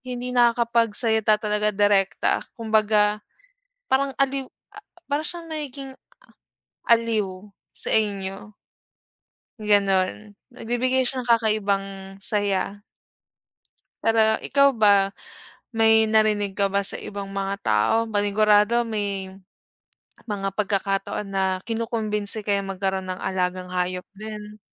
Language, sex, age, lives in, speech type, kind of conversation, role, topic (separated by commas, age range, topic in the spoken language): Filipino, female, 25-29, Philippines, conversation, unstructured, none, Ano ang mga benepisyo ng pagkakaroon ng alagang hayop sa isang pamilya?